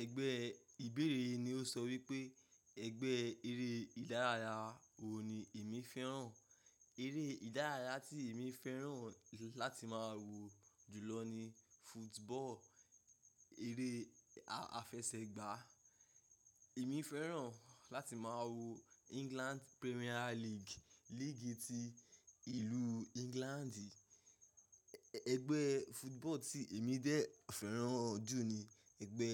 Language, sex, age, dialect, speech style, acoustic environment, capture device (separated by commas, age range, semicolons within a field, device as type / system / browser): Yoruba, male, 25-34, Nigeria - Ibadan, focused, nature; silence, mobile / macOS / Safari